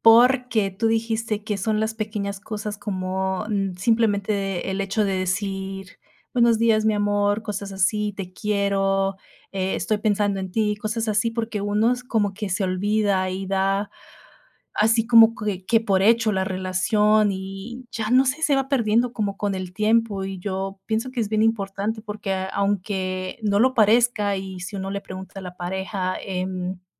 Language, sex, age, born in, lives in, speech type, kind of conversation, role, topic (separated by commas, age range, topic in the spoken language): Spanish, female, 30-34, United States, United States, conversation, unstructured, none, ¿Cómo se puede mantener viva la chispa en una relación de pareja?